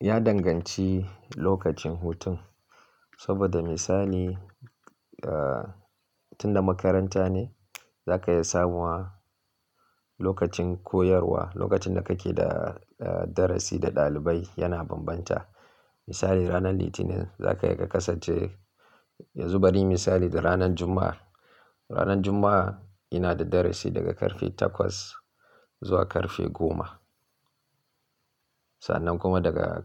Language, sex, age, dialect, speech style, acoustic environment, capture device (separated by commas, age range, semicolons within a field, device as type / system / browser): Hausa, male, 25-34, Nigeria - Kano, relaxed; focused, silence; nature, mobile / Linux / Chrome